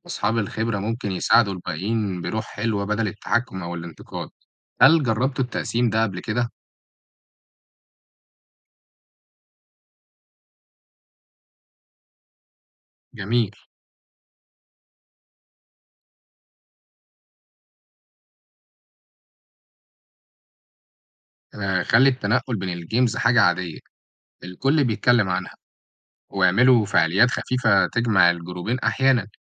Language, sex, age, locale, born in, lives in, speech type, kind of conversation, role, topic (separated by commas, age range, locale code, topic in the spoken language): Arabic, male, 18-19, ar-EG, Egypt, Egypt, read, expert-assistant, expert, إزاي أرتب وأدير مجموعة جيمرز أونلاين، خصوصًا في الألعاب اللي تعتمد على التعاون زي ألعاب التيمز أو الكلانز؟